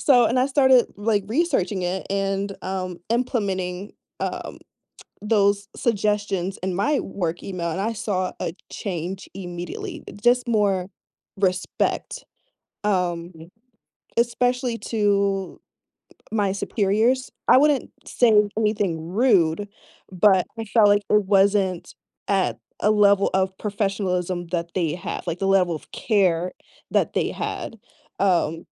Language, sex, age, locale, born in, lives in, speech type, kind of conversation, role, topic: English, female, 25-29, en-US, United States, United States, conversation, unstructured, none, What does being authentic mean to you?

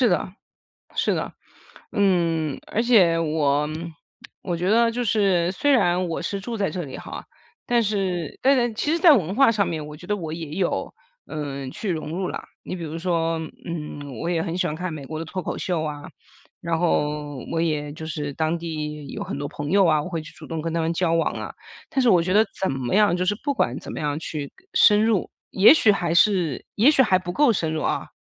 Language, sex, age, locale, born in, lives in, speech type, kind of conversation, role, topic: Chinese, female, 40-44, zh-CN, China, United States, conversation, advice, user, 我剛搬到新國家，常想家又很焦慮，該怎麼調適呢？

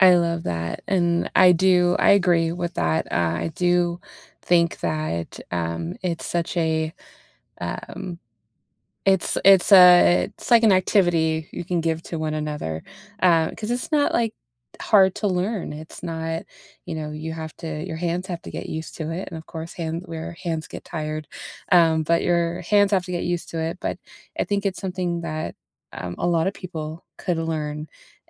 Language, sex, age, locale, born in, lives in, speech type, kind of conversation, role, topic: English, female, 30-34, en-US, United States, United States, conversation, unstructured, none, What everyday skills could you teach or trade with each other to learn together and strengthen your connection?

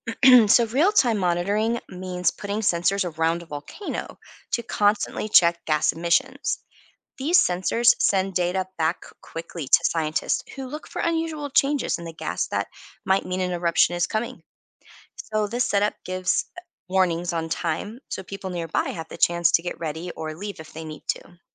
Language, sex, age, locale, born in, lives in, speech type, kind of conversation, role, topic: English, female, 40-44, en-US, United States, United States, read, expert-assistant, expert, What makes a volcano dormant?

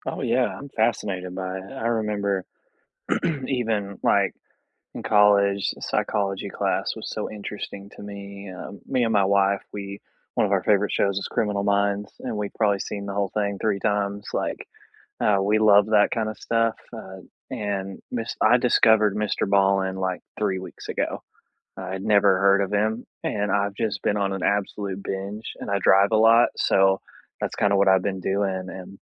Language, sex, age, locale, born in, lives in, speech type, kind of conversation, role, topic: English, male, 30-34, en-US, United States, United States, conversation, unstructured, none, Which songs or podcasts have lifted your mood lately, and why do they work for you?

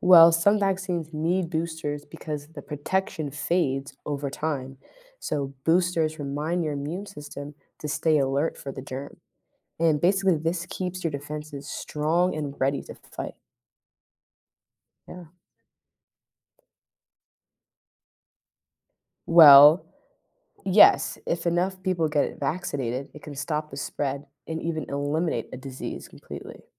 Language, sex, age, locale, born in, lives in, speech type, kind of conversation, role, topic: English, female, 20-24, en-US, United States, United States, read, expert-assistant, expert, How do vaccines work to protect against diseases?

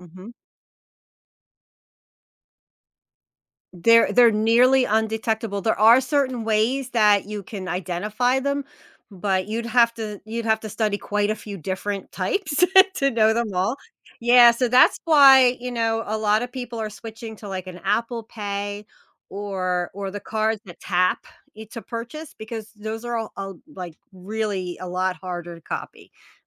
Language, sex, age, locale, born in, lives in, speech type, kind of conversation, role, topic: English, female, 45-49, en-US, United States, United States, conversation, advice, advisor, What practical steps can I take to stop websites and apps from tracking my personal data online?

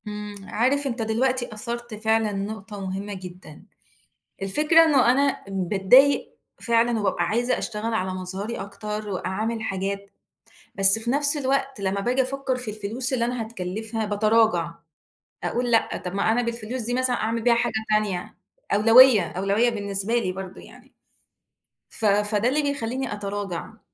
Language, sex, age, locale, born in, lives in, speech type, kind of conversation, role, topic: Arabic, female, 35-39, ar-EG, Egypt, Egypt, conversation, advice, user, إزاي بتوصف الضغوط اللي بتحسّ بيها عشان تواكب معايير الجمال والمظهر الخارجي؟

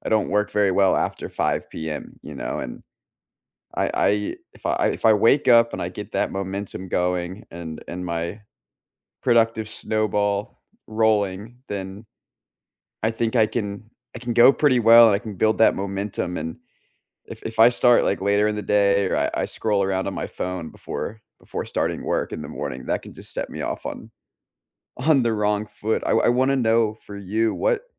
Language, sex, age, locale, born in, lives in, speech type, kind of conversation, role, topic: English, male, 25-29, en-US, United States, United States, conversation, unstructured, none, What kind of work environment helps you do your best, and what helps you sustain it?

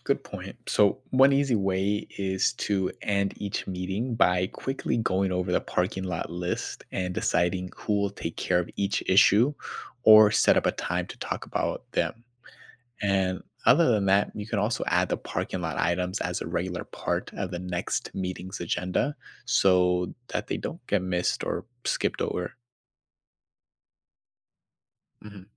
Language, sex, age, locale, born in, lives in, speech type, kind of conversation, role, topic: English, male, 25-29, en-US, United States, United States, read, expert-assistant, expert, What are signs that a group discussion is unproductive?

